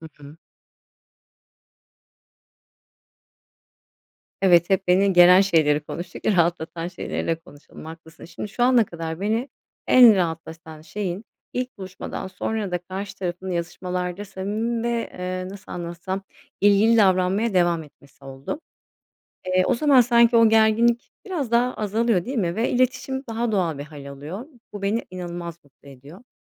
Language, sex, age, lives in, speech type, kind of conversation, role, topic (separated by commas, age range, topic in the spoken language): Turkish, female, 40-44, Spain, read, expert-assistant, asker, Dijitalde mi yoksa yüz yüze tanışmak mı daha sağlıklı ilişkiler sağlar?